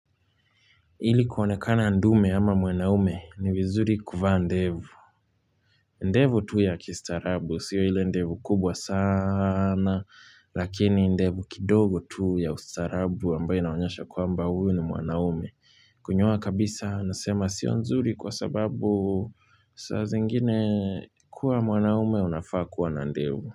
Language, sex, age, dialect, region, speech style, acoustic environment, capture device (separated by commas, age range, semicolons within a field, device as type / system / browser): Swahili, male, 25-34, Kenya - Nairobi Sheng-influenced, Kenya, happy, silence, mobile / Linux / Chrome